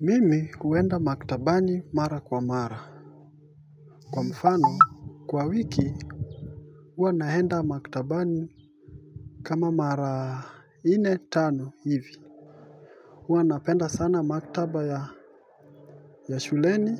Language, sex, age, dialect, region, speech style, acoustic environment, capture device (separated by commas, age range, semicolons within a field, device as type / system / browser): Swahili, male, 25-34, Kenya - Mombasa, Kenya, focused, nature; traffic, mobile / Linux / Chrome